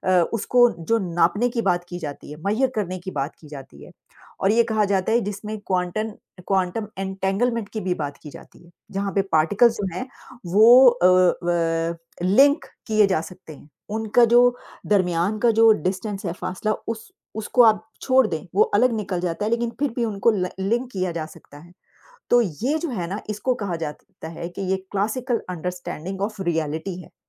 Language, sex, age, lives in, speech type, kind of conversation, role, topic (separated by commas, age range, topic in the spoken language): Hindi, female, 50-54, United States, conversation, unstructured, none, क्वांटम भौतिकी के बारे में आपको सबसे अधिक क्या आकर्षित करता है?